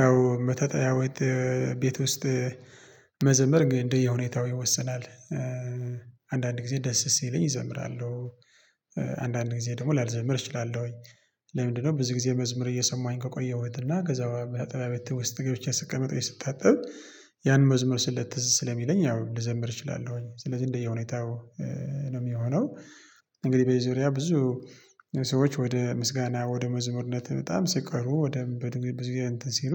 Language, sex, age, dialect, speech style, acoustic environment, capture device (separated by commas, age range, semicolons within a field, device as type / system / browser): Amharic, male, 25-34, Ethiopia - Tigray, tired, people talking; nature, mobile / Linux / Chrome